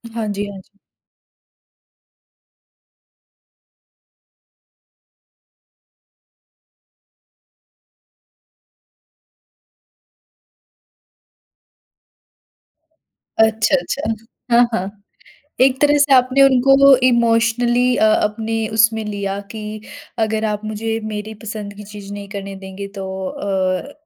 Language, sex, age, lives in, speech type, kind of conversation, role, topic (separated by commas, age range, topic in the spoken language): Hindi, female, 20-24, India, conversation, podcast, host, Jab family ka pressure ho, toh aap decisions kaise lete ho?